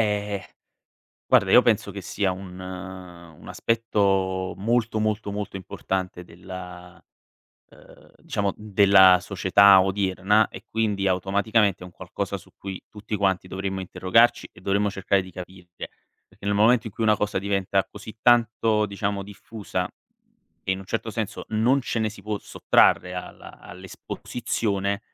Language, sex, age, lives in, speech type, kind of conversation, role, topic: Italian, male, 25-29, Italy, conversation, podcast, guest, Cosa ti preoccupa della disinformazione online?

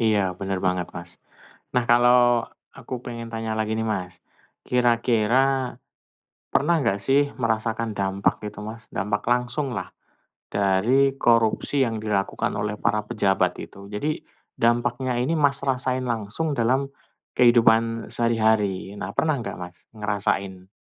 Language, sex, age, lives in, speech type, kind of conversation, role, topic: Indonesian, male, 40-44, Indonesia, conversation, unstructured, none, Apa pendapat kamu tentang korupsi yang masih terjadi di beberapa lembaga?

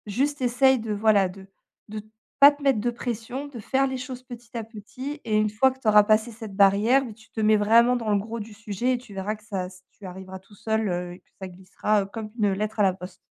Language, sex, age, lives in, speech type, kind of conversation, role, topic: French, female, 35-39, France, conversation, advice, advisor, Comment gérer la culpabilité après une rechute sans abandonner ses progrès ?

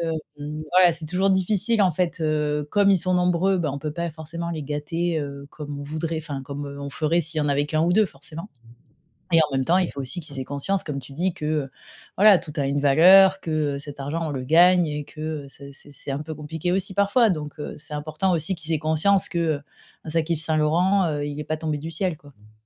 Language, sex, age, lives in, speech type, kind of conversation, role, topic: French, female, 35-39, France, conversation, advice, user, Comment faire du shopping avec un budget limité sans sacrifier mon style ?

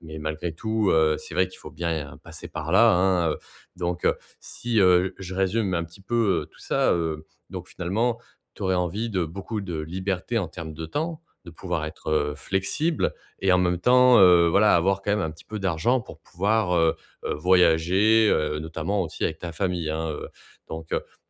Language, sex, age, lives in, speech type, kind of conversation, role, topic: French, male, 30-34, France, conversation, advice, advisor, Comment puis-je imaginer et planifier différents futurs possibles pour moi ?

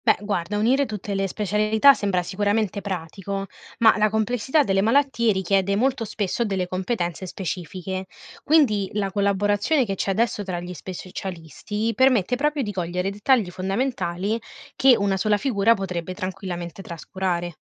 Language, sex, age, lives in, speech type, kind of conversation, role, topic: Italian, female, 20-24, Italy, read, expert-assistant, expert, Cosa succederebbe se tutte le specialità mediche si fondessero in una sola?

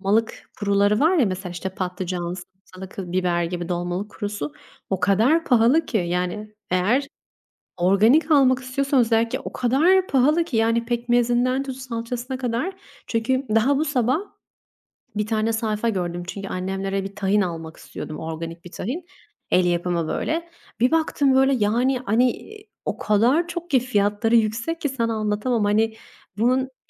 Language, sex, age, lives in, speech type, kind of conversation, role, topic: Turkish, female, 25-29, Italy, conversation, unstructured, none, Yemek fiyatlarındaki artış aile bütçenizi nasıl etkiliyor?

